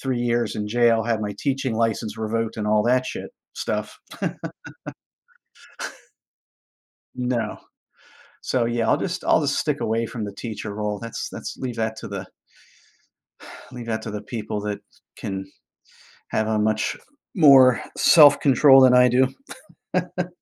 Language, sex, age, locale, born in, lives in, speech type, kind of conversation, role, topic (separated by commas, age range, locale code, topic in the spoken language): English, male, 50-54, en-US, United States, United States, conversation, unstructured, none, How can schools and communities work together to prevent bullying?